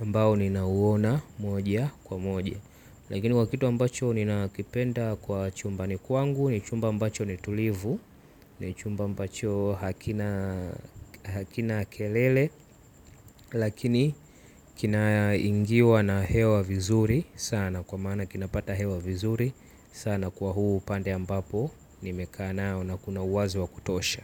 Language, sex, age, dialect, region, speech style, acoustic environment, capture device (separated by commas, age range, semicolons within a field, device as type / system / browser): Swahili, male, 18-24, Tanzania - Dar es Salaam, Tanzania, relaxed; focused, silence, mobile / Linux / Chrome